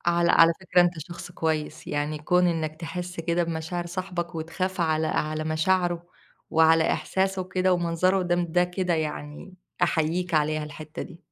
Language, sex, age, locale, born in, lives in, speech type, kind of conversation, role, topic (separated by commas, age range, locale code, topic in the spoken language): Arabic, female, 25-29, ar-EG, Egypt, Egypt, conversation, advice, advisor, إزاي أعتذر لصاحبي بعد خناقة جامدة، ولا أوضح له موقفي الأول؟